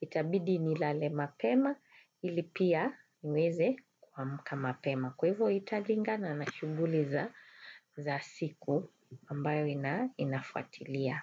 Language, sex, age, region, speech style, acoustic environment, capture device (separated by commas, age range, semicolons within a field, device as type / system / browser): Swahili, female, 35-44, Kenya, relaxed, people talking, desktop / Windows / Chrome